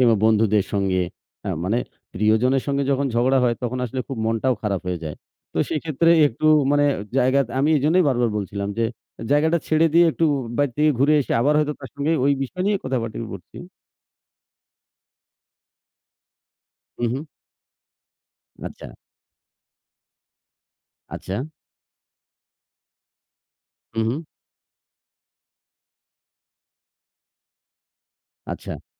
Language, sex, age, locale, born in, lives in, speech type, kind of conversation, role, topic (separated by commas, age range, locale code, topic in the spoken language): Bengali, male, 40-44, bn-BD, Bangladesh, Bangladesh, conversation, unstructured, none, সম্পর্কে ঝগড়া হলে আপনি কীভাবে শান্ত থাকতে পারেন?